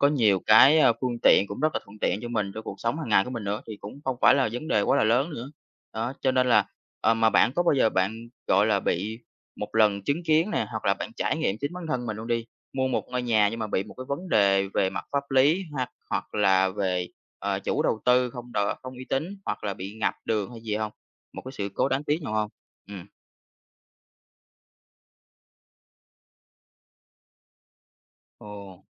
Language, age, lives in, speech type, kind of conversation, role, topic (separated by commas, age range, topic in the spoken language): Vietnamese, 20-24, Vietnam, conversation, podcast, host, Khi chọn mua nhà, bạn chú ý điều gì nhất?